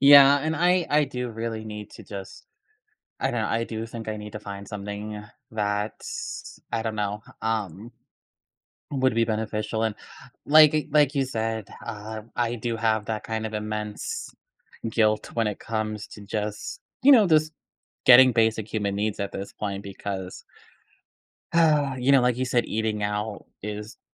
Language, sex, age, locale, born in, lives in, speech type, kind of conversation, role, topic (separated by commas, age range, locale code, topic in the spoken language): English, male, 25-29, en-US, United States, United States, conversation, advice, user, How can I reduce financial stress?